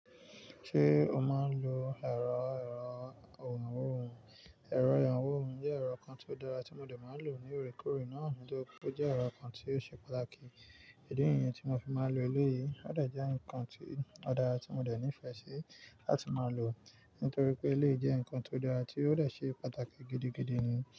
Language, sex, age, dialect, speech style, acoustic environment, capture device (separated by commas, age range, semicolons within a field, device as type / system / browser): Yoruba, male, 25-34, Nigeria - Nigerian Standard, focused, nature, mobile / Linux / Chrome